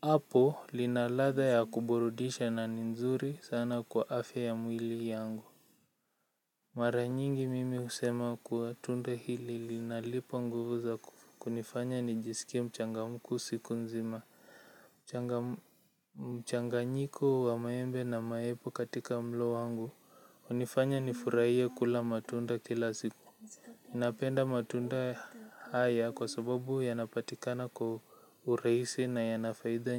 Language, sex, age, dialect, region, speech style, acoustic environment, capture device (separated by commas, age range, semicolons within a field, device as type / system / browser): Swahili, male, 18-24, Kenya - Nairobi Sheng-influenced, Kenya, relaxed, silence, mobile / Linux / Chrome